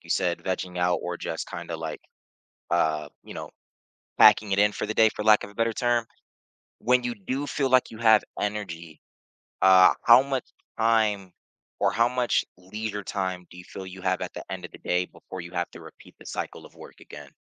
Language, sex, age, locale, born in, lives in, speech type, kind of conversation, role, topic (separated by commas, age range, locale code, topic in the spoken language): English, male, 30-34, en-US, United States, United States, conversation, advice, advisor, How can I balance work and personal life?